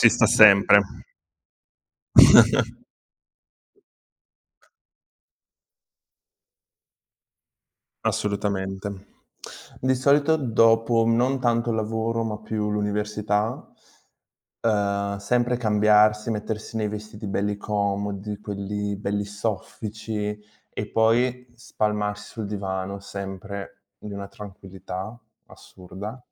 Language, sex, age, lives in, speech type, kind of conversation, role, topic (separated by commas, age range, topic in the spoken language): Italian, male, 20-24, Italy, conversation, unstructured, none, Qual è il tuo modo preferito per rilassarti dopo il lavoro?